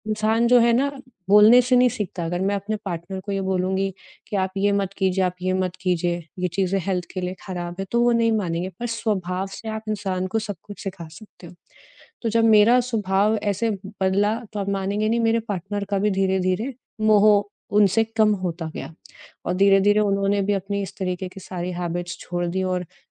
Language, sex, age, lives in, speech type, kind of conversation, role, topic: Hindi, female, 30-34, India, conversation, podcast, guest, Kisi ko mana karne ka sabse simple aur respectful tareeka tum kya recommend karoge?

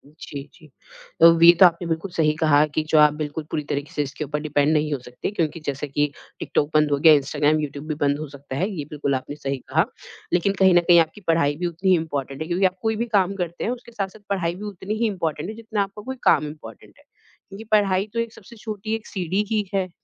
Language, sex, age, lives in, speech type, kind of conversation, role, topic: Hindi, female, 30-34, India, conversation, podcast, host, Tum apne passion ko practical kaise bana rahe ho?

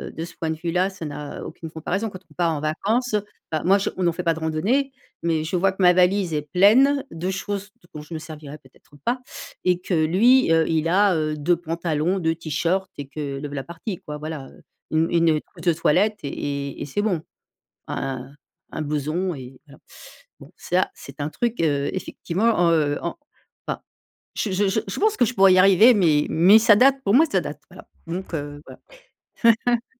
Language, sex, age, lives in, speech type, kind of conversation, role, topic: French, female, 55-59, France, conversation, podcast, host, Quels sont tes indispensables dans ton sac à dos ?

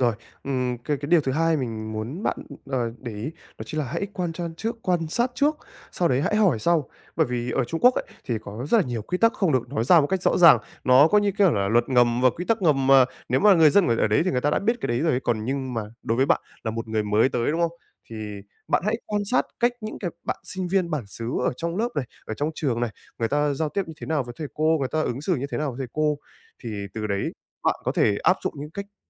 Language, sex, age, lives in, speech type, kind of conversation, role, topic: Vietnamese, male, 20-24, Japan, conversation, advice, advisor, Làm sao để hiểu và hòa nhập với văn hóa địa phương?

